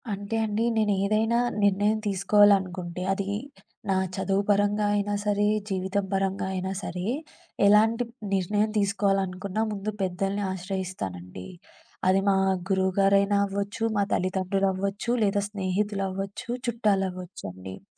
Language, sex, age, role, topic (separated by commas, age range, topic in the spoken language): Telugu, female, 25-29, guest, సమాజంలో నమ్మకాన్ని ఎలా పెంచుకోవచ్చో మీరు చెప్పగలరా?